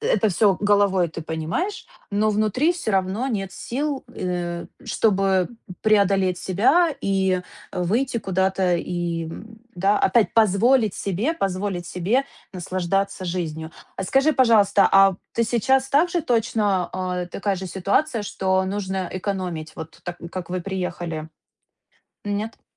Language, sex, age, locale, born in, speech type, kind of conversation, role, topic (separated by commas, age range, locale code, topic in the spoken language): Russian, female, 30-34, ru-RU, Ukraine, conversation, advice, advisor, Как вы переживаете выгорание из-за постоянной перегрузки и отсутствия отдыха?